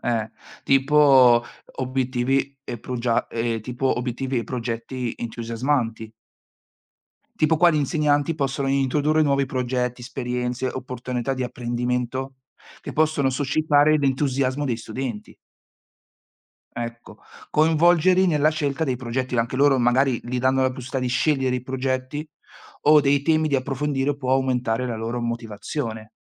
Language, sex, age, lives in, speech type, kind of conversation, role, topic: Italian, male, 40-44, Italy, conversation, unstructured, none, Come si può rendere la scuola più interessante?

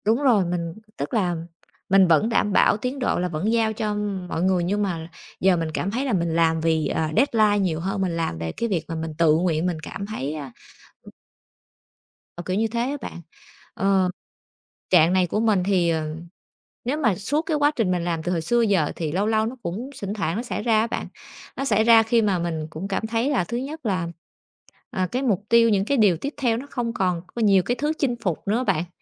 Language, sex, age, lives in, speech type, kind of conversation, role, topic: Vietnamese, female, 30-34, Vietnam, conversation, advice, user, Làm sao để giữ đà khi cảm thấy tiến trình hoặc động lực chững lại?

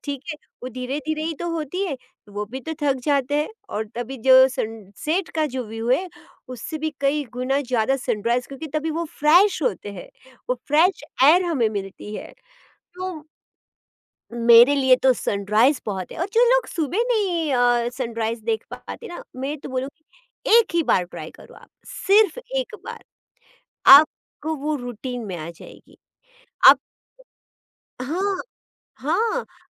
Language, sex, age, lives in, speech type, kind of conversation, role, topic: Hindi, female, 40-44, India, conversation, podcast, guest, Subah ka सूर्योदय tumhare liye kitna khaas hota hai?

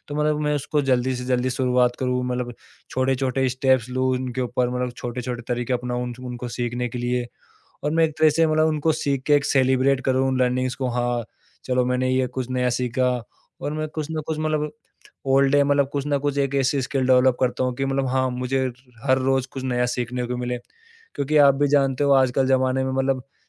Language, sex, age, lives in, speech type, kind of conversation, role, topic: Hindi, male, 25-29, India, conversation, podcast, guest, Learning ko celebrate karne ke tumhare chhote tareeke kya hain?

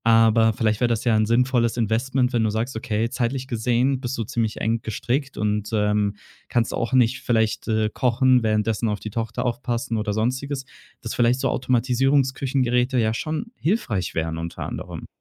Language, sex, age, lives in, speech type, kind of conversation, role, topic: German, male, 25-29, Germany, conversation, advice, advisor, Welche Schwierigkeiten hast du, gesunde Ernährungsgewohnheiten beizubehalten?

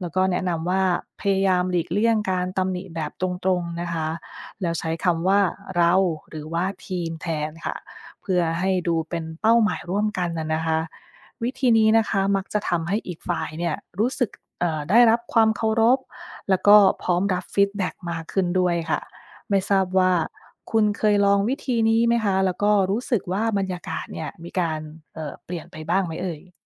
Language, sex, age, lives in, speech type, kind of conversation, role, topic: Thai, female, 30-34, United States, read, expert-assistant, expert, จะพูดกับทีมอย่างไรให้มั่นใจและบรรยากาศดีขึ้น?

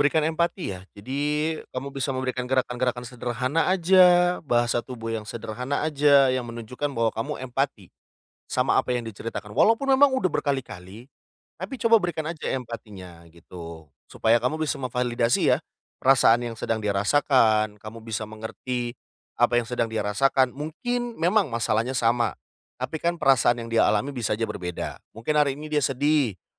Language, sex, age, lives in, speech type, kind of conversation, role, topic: Indonesian, male, 30-34, Indonesia, conversation, advice, advisor, Bagaimana cara menjadi pendengar yang baik saat teman membutuhkan tempat untuk bercerita?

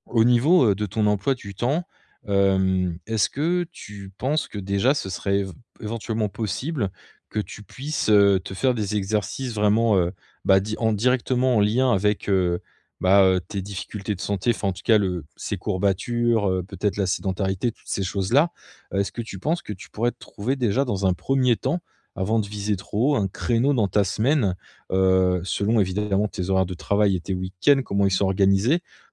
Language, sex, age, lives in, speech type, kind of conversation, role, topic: French, male, 35-39, France, conversation, advice, advisor, Comment puis-je mieux aligner ma santé avec mes priorités de vie ?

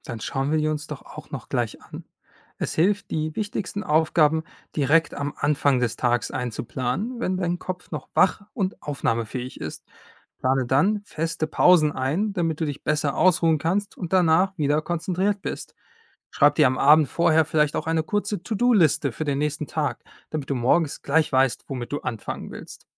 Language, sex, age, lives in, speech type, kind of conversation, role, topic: German, male, 25-29, Germany, read, expert-assistant, expert, Wie kann man seine Selbstdisziplin stärken?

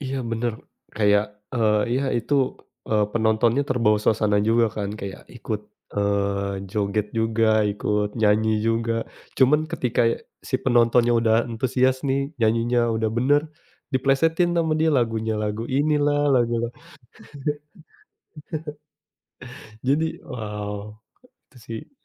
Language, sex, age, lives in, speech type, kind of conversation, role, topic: Indonesian, male, 30-34, Indonesia, conversation, unstructured, none, Apa kenangan favoritmu saat menonton konser atau pertunjukan langsung?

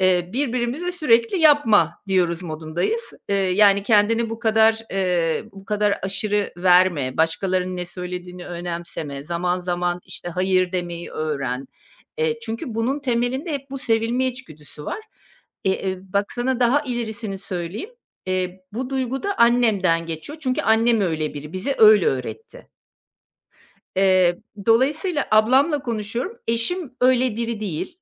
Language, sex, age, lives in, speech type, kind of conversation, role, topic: Turkish, female, 50-54, Portugal, conversation, advice, user, İçsel tatmin ile dışarıdan gelen onay çatıştığında başarıyı hangi ölçütlere göre değerlendirmeliyim?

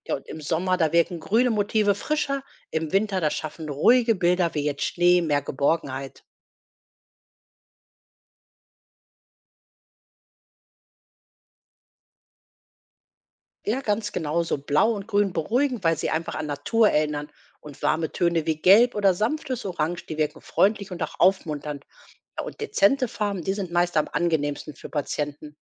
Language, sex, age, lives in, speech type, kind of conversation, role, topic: German, female, 45-49, Germany, read, expert-assistant, expert, Welche kleinen Veränderungen im Pflegealltag machen für Patienten einen großen Unterschied?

